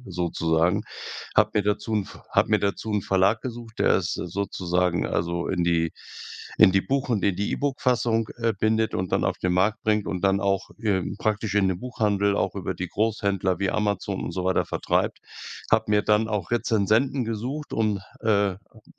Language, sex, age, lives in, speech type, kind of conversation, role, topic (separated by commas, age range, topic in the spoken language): German, male, 65-69, Germany, conversation, podcast, guest, Kannst du von einem deiner liebsten kreativen Projekte erzählen?